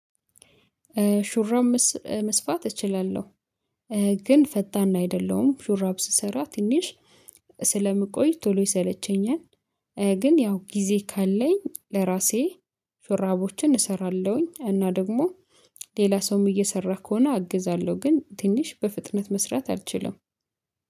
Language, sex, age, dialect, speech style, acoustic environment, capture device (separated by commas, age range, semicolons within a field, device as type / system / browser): Amharic, female, 25-34, Ethiopia - Addis Ababa, focused; happy, silence, mobile / Linux / Chrome